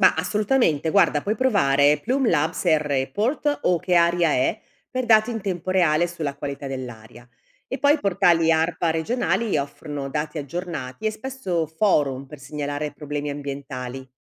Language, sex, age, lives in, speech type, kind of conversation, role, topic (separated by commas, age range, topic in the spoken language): Italian, female, 55-59, Italy, read, expert-assistant, expert, In che modo possiamo migliorare la qualità dell’aria in quartieri molto trafficati?